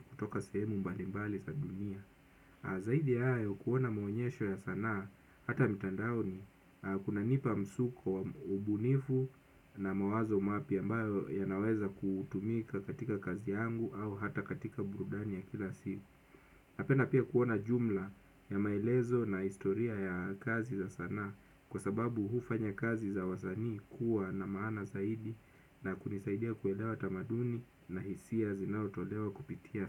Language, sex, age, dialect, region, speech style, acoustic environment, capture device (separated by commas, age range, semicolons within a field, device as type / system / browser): Swahili, male, 25-34, Kenya - Mombasa, Kenya, nervous, silence, desktop / Windows / Chrome